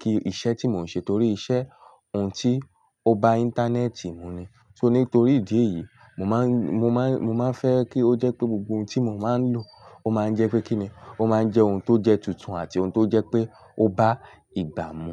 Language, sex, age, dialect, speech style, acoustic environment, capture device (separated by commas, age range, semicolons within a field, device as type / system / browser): Yoruba, male, 18-24, Nigeria - Ibadan, relaxed; focused, people talking, mobile / Linux / Chrome